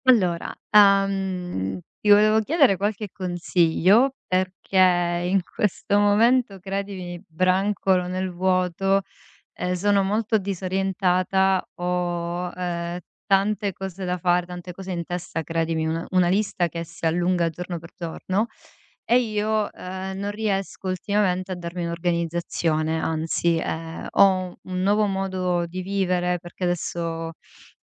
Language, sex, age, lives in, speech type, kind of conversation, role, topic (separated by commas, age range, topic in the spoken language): Italian, female, 35-39, Italy, conversation, advice, user, Come posso adattare il mio ritmo quotidiano alle nuove routine dopo un trasloco?